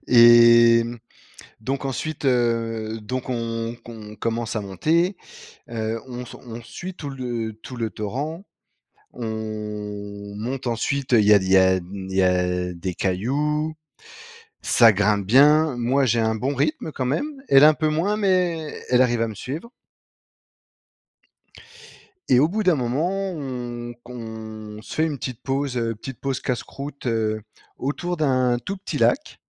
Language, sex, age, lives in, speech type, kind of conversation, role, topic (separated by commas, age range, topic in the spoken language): French, male, 35-39, France, conversation, podcast, guest, Quel est ton plus beau souvenir de randonnée ?